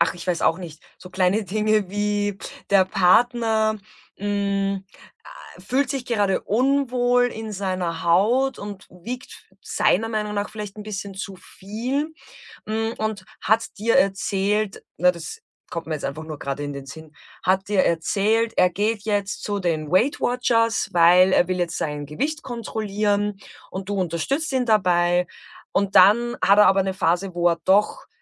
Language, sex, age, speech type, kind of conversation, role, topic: German, female, 35-39, conversation, podcast, host, Wie kann man Vertrauen zwischen Partnern aufbauen?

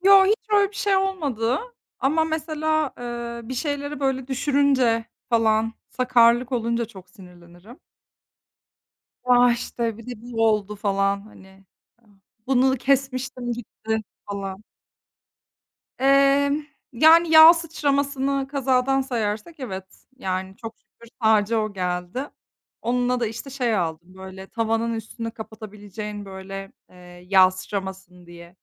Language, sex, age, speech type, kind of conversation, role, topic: Turkish, female, 30-34, conversation, unstructured, none, Yemek yaparken bazen neden sinirlerine hâkim olamıyorsun?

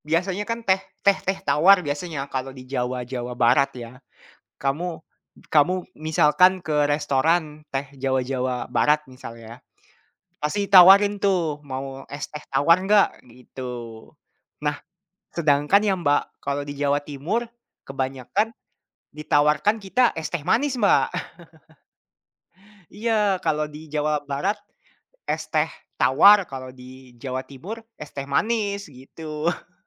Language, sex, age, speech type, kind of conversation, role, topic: Indonesian, male, 20-24, conversation, unstructured, none, Anda lebih suka es teh manis atau es jeruk segar?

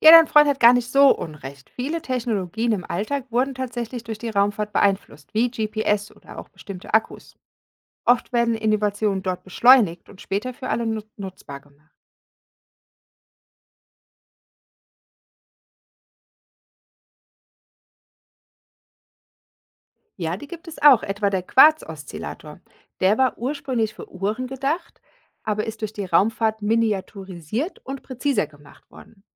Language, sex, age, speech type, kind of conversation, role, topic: German, female, 40-44, read, expert-assistant, expert, Ist es wirklich so, dass Raumfahrtmissionen immer zu technischen Innovationen im Alltag führen?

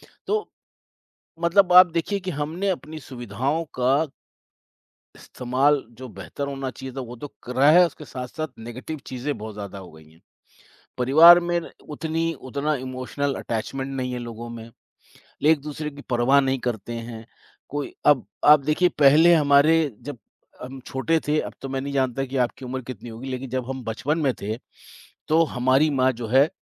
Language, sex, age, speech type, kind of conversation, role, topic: Hindi, male, 55-59, conversation, unstructured, none, स्मार्टफोन ने आपकी दिनचर्या को कैसे बदला है?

